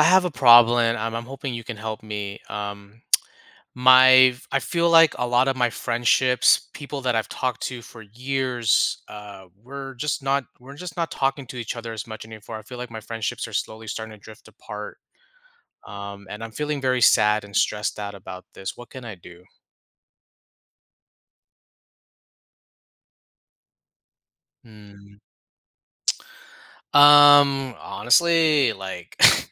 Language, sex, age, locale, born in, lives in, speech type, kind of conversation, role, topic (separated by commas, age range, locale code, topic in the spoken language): English, male, 35-39, en-US, United States, United States, conversation, advice, user, How do I handle feeling hurt and decide whether to reach out to a friend who’s drifting away?